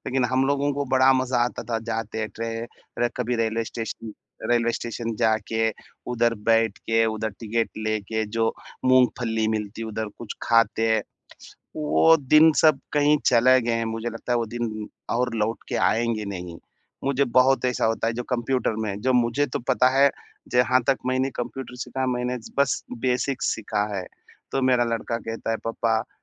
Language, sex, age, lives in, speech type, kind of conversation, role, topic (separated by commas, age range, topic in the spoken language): Hindi, male, 30-34, India, conversation, advice, user, तेजी से बदलती दुनिया में मैं खुद को बेहतर तरीके से कैसे अनुकूलित कर सकता/सकती हूँ?